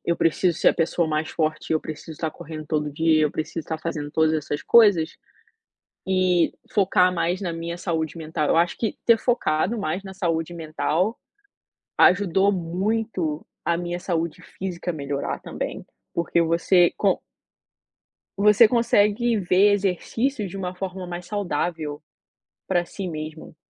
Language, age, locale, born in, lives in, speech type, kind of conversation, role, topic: Portuguese, 25-29, pt-BR, Brazil, United States, conversation, unstructured, none, Você sente que a sociedade valoriza mais a aparência do que a saúde?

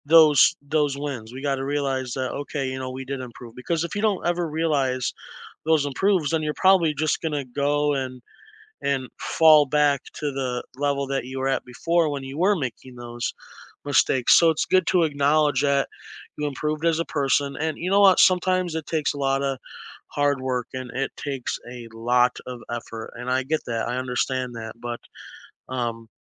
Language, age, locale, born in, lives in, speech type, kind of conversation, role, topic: English, 20-24, en-US, United States, United States, conversation, unstructured, none, What is a lesson you learned from a mistake you made?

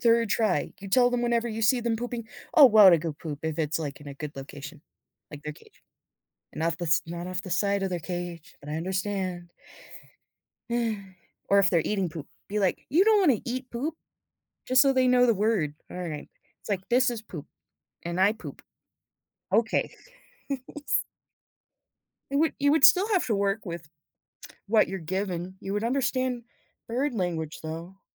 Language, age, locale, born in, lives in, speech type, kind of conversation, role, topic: English, 25-29, en-US, United States, United States, conversation, unstructured, none, Would you rather be able to communicate with animals or understand nature’s language?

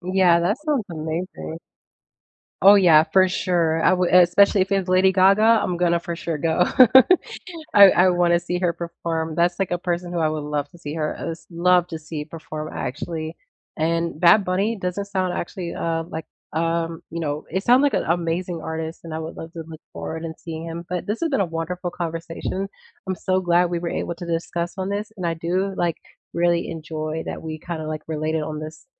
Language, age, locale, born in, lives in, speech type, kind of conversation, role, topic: English, 18-19, en-US, United States, United States, conversation, unstructured, none, What live theater experiences have given you goosebumps?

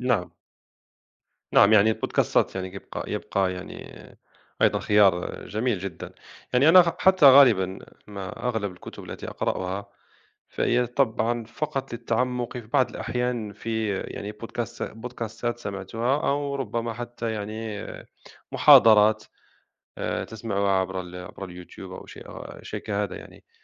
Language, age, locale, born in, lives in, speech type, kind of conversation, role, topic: Arabic, 30-34, ar-MA, Morocco, France, conversation, unstructured, none, إنت بتفضّل تقرا كتب ورق ولا كتب إلكترونية، وليه؟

